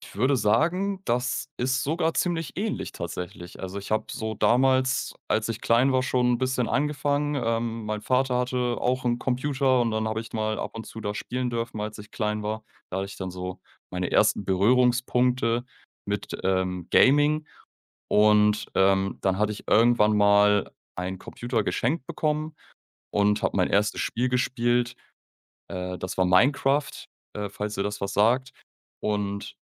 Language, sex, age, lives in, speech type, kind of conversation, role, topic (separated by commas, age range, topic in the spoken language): German, male, 25-29, Germany, conversation, podcast, guest, Was macht für dich ein erfüllendes Hobby aus?